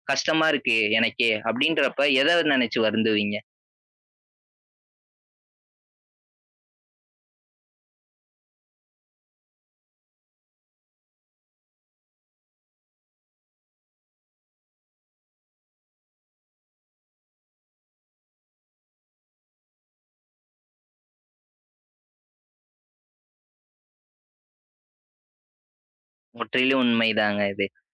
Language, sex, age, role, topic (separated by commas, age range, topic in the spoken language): Tamil, male, 20-24, host, உங்கள் காலை நேர வழக்கத்தைப் பற்றி சொல்ல முடியுமா?